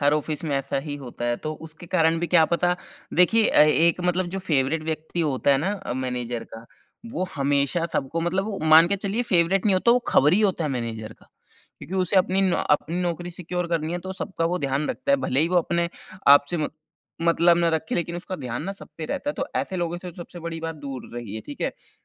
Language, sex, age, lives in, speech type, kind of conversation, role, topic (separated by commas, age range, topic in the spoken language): Hindi, male, 30-34, India, conversation, advice, advisor, नौकरी के प्रदर्शन मूल्यांकन में मुझे कठोर प्रतिक्रिया मिली है, तो क्या मुझे अपने करियर को लेकर चिंता करनी चाहिए?